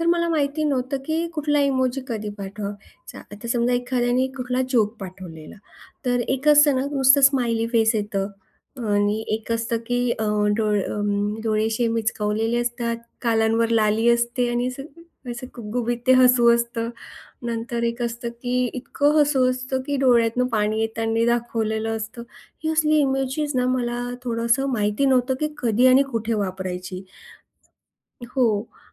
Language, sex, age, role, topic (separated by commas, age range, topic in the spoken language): Marathi, female, 40-44, guest, हसण्यामागचे वेगवेगळे अर्थ तुम्ही कसे ओळखता?